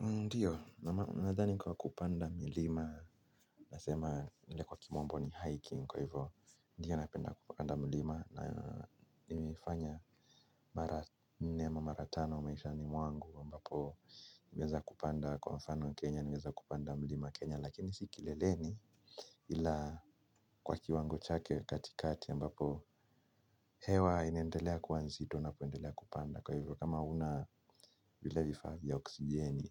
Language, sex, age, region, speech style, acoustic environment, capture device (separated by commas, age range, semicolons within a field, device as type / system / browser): Swahili, male, 25-34, Kenya, happy; relaxed, silence, mobile / Linux / Chrome